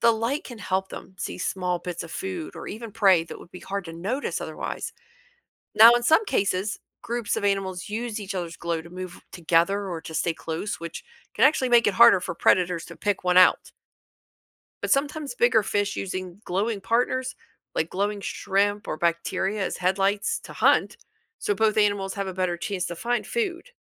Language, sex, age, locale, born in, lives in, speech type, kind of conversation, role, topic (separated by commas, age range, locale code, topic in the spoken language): English, female, 45-49, en-US, United States, United States, read, expert-assistant, expert, Why do some animals glow in the dark?